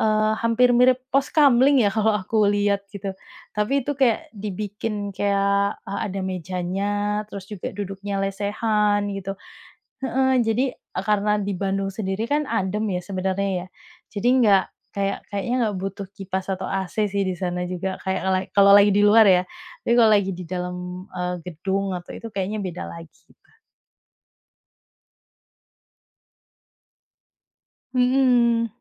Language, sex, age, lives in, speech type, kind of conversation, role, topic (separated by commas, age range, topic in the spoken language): Indonesian, female, 30-34, Indonesia, conversation, podcast, guest, Apa pelajaran terbesar yang kamu dapat dari perjalananmu?